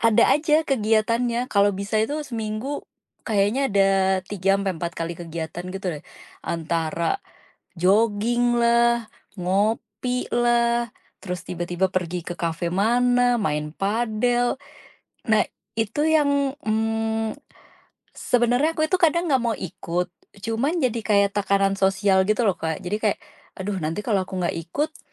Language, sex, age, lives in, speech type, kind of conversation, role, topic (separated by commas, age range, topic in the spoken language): Indonesian, female, 35-39, Indonesia, conversation, advice, user, Bagaimana tekanan sosial membuat pengeluaran saya menjadi semakin boros?